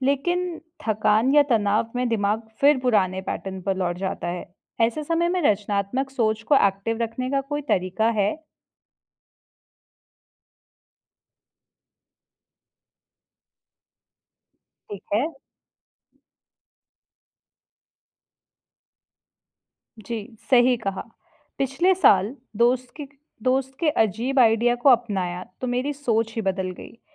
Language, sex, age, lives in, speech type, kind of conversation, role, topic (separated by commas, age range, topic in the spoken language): Hindi, female, 25-29, India, read, expert-assistant, asker, क्या रचनात्मकता सिर्फ कुछ लोगों में होती है, या हर इंसान के अंदर छुपी होती है?